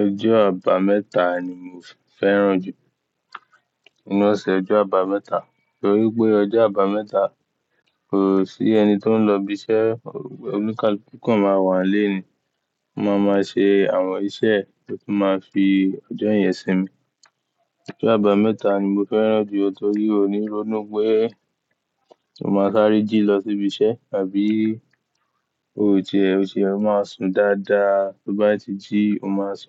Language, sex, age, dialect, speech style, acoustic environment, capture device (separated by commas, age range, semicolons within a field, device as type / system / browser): Yoruba, male, 18-24, Nigeria - Ibadan, happy, silence, mobile / Linux / Chrome